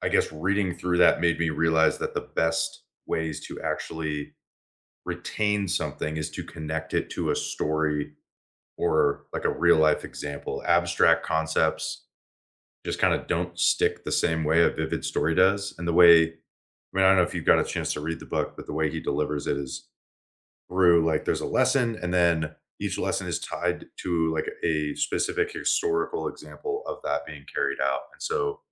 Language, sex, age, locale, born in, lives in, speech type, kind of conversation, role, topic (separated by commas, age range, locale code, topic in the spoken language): English, male, 25-29, en-US, United States, United States, conversation, unstructured, none, Which book or lesson changed how you see learning, and what made it stick with you?